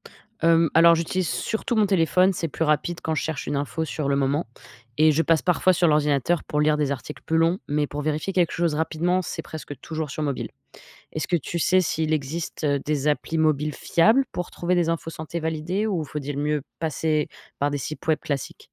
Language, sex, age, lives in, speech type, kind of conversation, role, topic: French, female, 25-29, United States, read, expert-assistant, asker, Comment reconnaître une information médicale fiable sur Internet ?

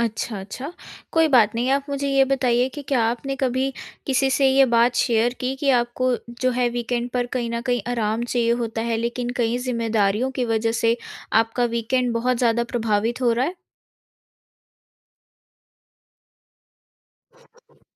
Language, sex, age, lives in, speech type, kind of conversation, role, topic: Hindi, female, 18-19, India, conversation, advice, advisor, मैं सप्ताहांत में आराम और काम के बीच संतुलन कैसे बना सकता/सकती हूँ?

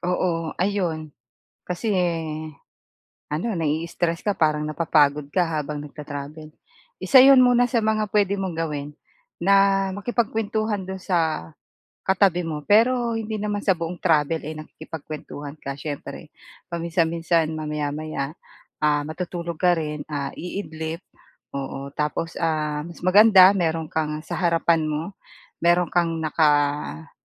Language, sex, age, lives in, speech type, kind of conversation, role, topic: Filipino, female, 45-49, Philippines, conversation, advice, advisor, Paano ko mababawasan ang stress at pagod habang naglalakbay?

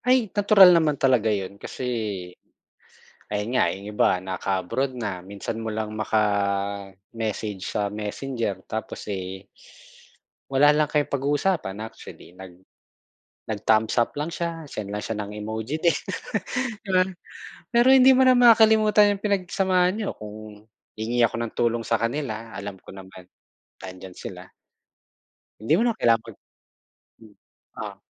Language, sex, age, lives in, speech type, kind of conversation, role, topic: Filipino, male, 40-44, Philippines, conversation, unstructured, none, Ano ang kahalagahan ng pagtitiwala sa pagkakaibigan para sa’yo?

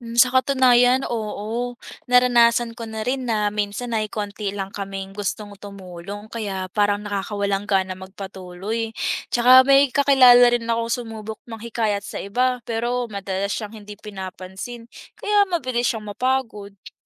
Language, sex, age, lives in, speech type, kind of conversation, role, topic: Filipino, female, 20-24, Philippines, read, expert-assistant, asker, Ano ang mga benepisyo ng pagtutulungan sa komunidad?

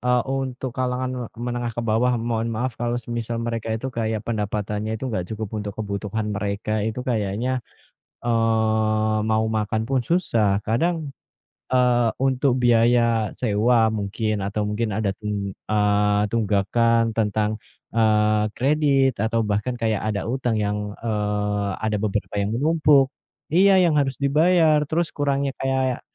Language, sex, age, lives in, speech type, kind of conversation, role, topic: Indonesian, male, 30-34, Indonesia, conversation, unstructured, none, Apa yang membuat orang sering merasa stres tentang uang?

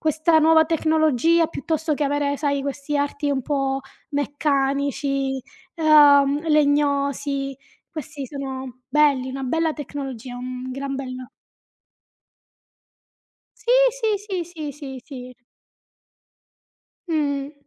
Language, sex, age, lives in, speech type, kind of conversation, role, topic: Italian, female, 35-39, Italy, conversation, unstructured, none, Come pensi che la tecnologia abbia cambiato la vita quotidiana?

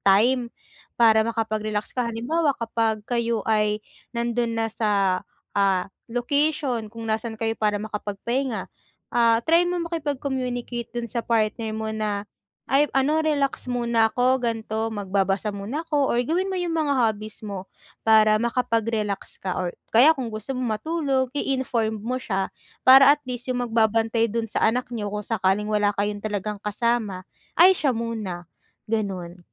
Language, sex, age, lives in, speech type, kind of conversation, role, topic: Filipino, female, 20-24, Philippines, conversation, advice, advisor, Paano ko maibsan ang stress habang naglalakbay sa malayo?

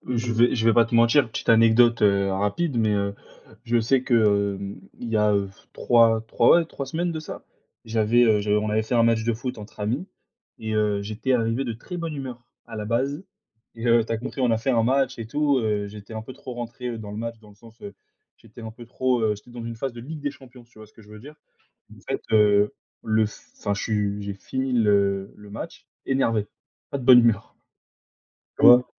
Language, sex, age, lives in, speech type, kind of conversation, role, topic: French, male, 20-24, France, conversation, unstructured, none, Comment le sport peut-il améliorer notre humeur, selon toi ?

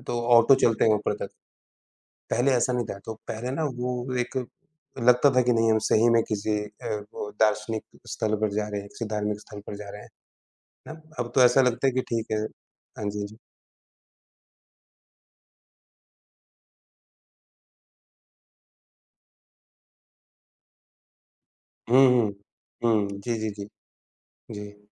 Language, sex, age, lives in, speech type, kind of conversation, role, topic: Hindi, male, 35-39, India, conversation, unstructured, none, आपकी सबसे यादगार पारिवारिक छुट्टियाँ कौन-सी हैं?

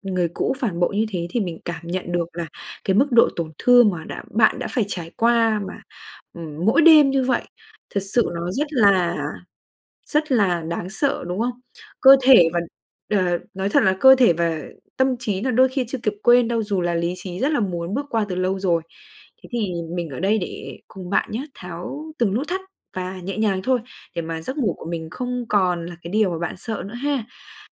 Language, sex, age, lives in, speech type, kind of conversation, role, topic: Vietnamese, female, 20-24, Vietnam, conversation, advice, advisor, Tôi thường xuyên bị ác mộng đến mức sợ đi ngủ, tôi nên làm gì?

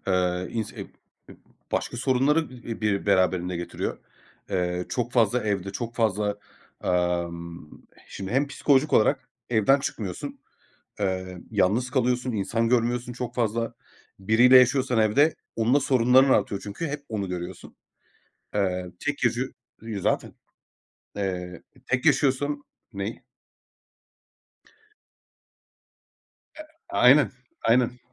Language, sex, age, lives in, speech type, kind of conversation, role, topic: Turkish, male, 30-34, Greece, conversation, unstructured, none, Hareket etmeyi neden bazen bu kadar zor buluyoruz?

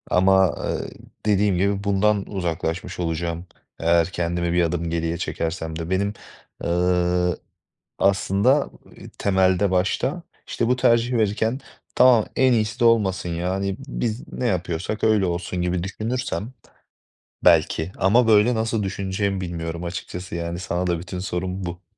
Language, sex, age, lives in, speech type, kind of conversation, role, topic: Turkish, male, 25-29, Poland, conversation, advice, user, Mükemmeliyetçilik yüzünden seçim yaparken neden karar veremiyorum?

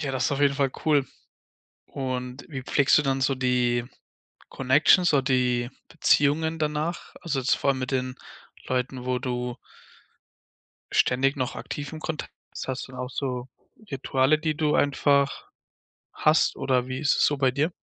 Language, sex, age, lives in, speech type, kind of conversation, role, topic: German, male, 20-24, Germany, conversation, podcast, host, Wie nutzt du deine Nachbarschaft oder lokale Gruppen, um Kontakte zu knüpfen und zu pflegen?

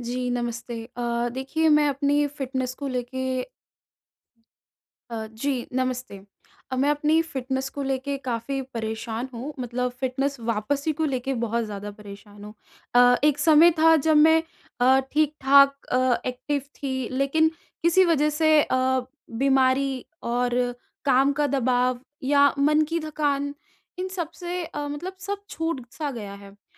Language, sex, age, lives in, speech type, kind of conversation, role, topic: Hindi, female, 20-24, India, conversation, advice, user, मैं सुरक्षित और स्थिर तरीके से अपनी फिटनेस दिनचर्या फिर से कैसे शुरू करूँ?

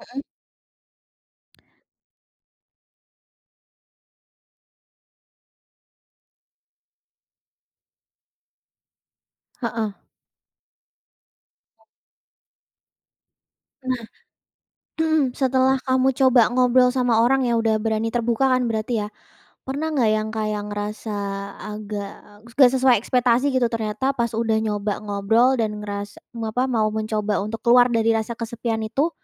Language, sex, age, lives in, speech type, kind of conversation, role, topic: Indonesian, female, 20-24, Indonesia, conversation, podcast, host, Pernahkah kamu merasa kesepian, dan bagaimana caramu bangkit dari perasaan itu?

